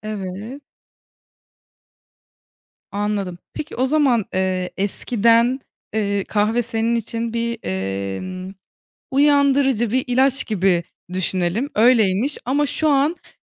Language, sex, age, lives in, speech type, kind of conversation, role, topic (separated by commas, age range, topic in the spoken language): Turkish, female, 20-24, Germany, conversation, podcast, host, Akşamları rahatlamak için yaptığın basit alışkanlıklar nelerdir?